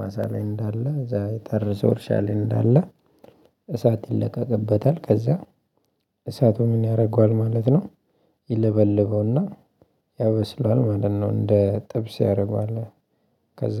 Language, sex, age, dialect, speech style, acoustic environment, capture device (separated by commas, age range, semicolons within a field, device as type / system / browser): Amharic, male, 25-34, Ethiopia - Addis Ababa, happy, nature, mobile / Linux / Chrome